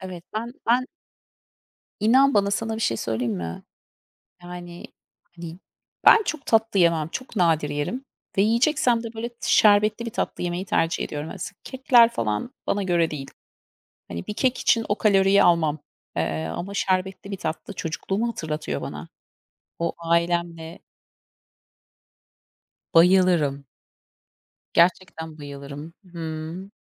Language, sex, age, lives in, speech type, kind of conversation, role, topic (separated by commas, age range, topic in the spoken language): Turkish, female, 40-44, United States, conversation, unstructured, none, Hangi atıştırmalıklar size ailenizle geçirdiğiniz zamanları hatırlatıyor?